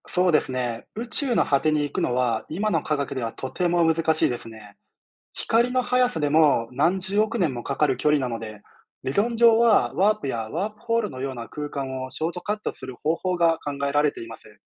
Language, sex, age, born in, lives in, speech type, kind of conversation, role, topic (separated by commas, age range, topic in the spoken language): Japanese, male, 30-34, Japan, Japan, read, expert-assistant, expert, ワープやワームホールで宇宙の果てに行くことは可能ですか？